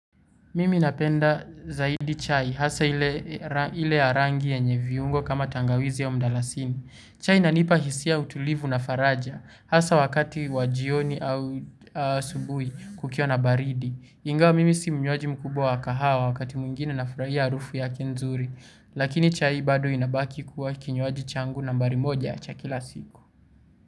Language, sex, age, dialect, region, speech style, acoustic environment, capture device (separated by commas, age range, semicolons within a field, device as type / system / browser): Swahili, male, 18-24, Kenya - Nairobi Sheng-influenced, Kenya, focused, people talking, mobile / Linux / Chrome